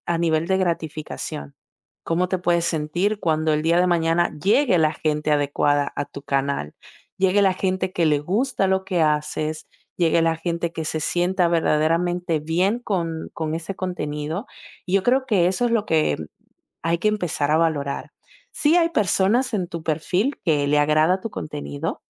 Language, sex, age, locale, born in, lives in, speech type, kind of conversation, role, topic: Spanish, female, 20-24, es-DO, Dominican Republic, Italy, conversation, advice, advisor, ¿Cómo puedo superar el bloqueo que siento después de recibir críticas negativas o comentarios crueles?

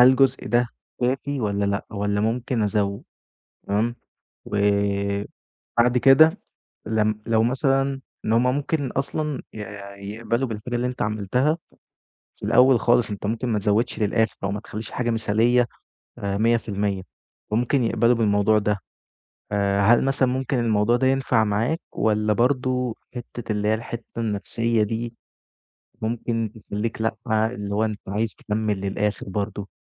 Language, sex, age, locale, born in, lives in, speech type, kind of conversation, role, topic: Arabic, male, 20-24, ar-EG, Egypt, Egypt, conversation, advice, advisor, إزاي أتغلب على الكمالية اللي بتعطلني عن إني أخلص شغل إبداعي بسيط؟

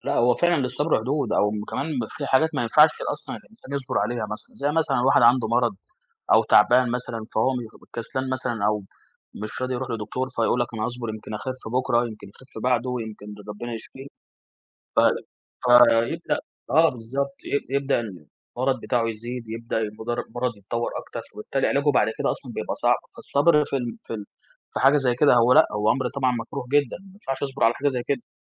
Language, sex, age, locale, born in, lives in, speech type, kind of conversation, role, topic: Arabic, male, 20-24, ar-EG, Egypt, Egypt, conversation, podcast, guest, إزاي تقنع نفسك إنك تصبر عشان توصل لهدف بعيد؟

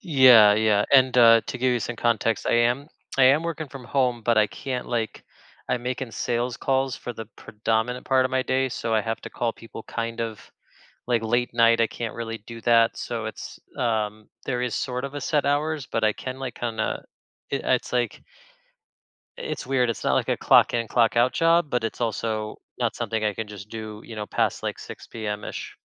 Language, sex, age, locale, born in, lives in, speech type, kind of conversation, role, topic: English, male, 35-39, en-US, United States, United States, conversation, advice, user, How can I make daily tasks feel more meaningful?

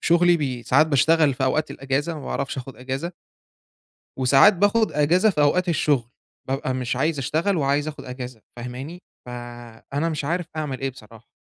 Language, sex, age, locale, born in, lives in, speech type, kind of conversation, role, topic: Arabic, male, 25-29, ar-EG, Egypt, Egypt, conversation, advice, user, إزاي أقدر أفصل بين وقت الشغل ووقت الراحة في الإجازة؟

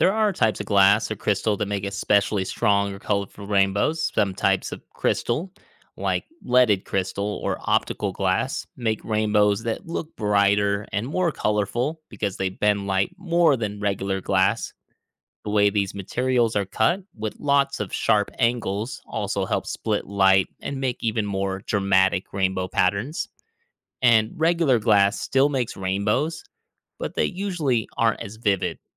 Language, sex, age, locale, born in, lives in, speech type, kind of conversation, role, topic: English, male, 35-39, en-US, United States, United States, read, expert-assistant, expert, How does a prism split white light into colors?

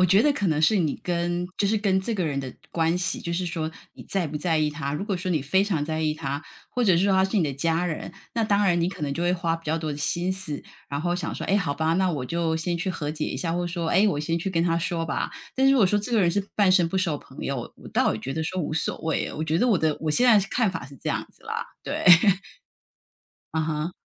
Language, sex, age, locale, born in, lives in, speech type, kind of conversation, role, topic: Chinese, female, 50-54, zh-TW, Taiwan, United States, conversation, unstructured, none, 你觉得和解之后，关系会变得更好吗？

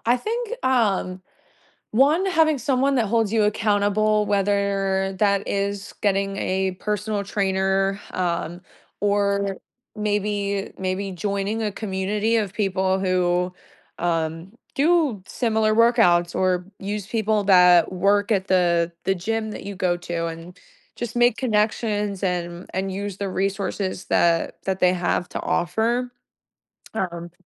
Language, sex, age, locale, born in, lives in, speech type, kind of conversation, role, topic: English, female, 25-29, en-US, United States, United States, conversation, podcast, guest, What are the key steps to building a safe and sustainable workout routine for beginners?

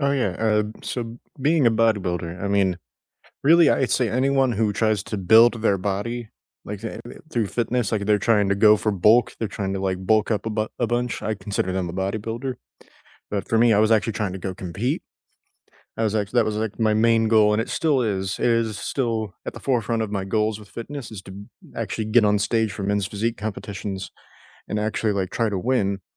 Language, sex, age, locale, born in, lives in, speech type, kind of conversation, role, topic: English, male, 20-24, en-US, United States, United States, conversation, unstructured, none, What fitness habits truly stick for you, and how can friends help you stay consistent and connected?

